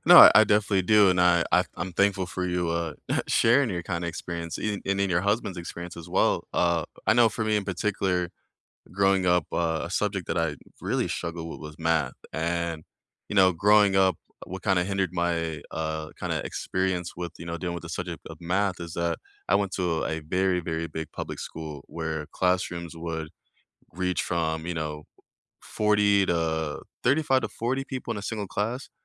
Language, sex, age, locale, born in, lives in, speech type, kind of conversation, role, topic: English, male, 25-29, en-US, United States, United States, conversation, unstructured, none, How have you experienced access to learning, and what helps open doors for others?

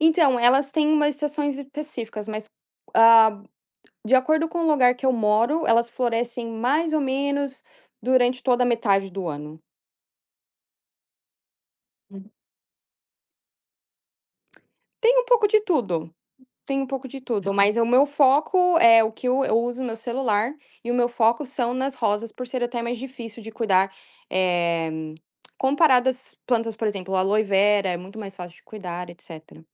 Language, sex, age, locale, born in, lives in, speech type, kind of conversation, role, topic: Portuguese, female, 20-24, pt-BR, Brazil, United States, conversation, podcast, guest, Como o celular entrou na sua rotina diária?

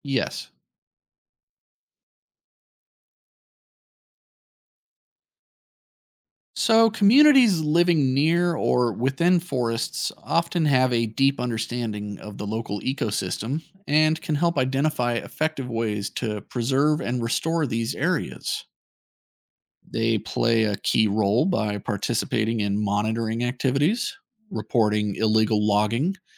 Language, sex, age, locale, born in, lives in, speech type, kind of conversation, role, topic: English, male, 35-39, en-US, United States, United States, read, expert-assistant, expert, What is the importance of preserving ancient forests?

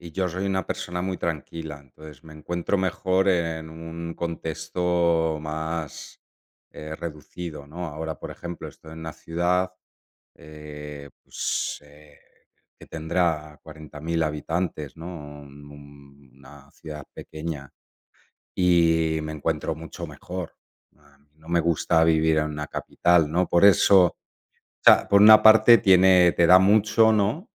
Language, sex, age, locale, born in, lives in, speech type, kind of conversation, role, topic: Spanish, male, 45-49, es-ES, Spain, Italy, conversation, unstructured, none, ¿Cómo manejas el estrés cuando las cosas no salen como esperas?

